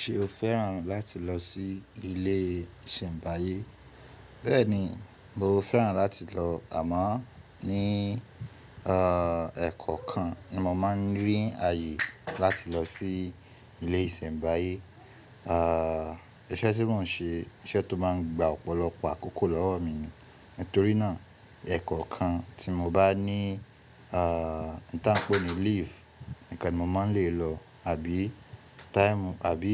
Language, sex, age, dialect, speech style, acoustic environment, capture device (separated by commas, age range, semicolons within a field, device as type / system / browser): Yoruba, male, 25-34, Nigeria - Ibadan, relaxed, people talking, mobile / Linux / Chrome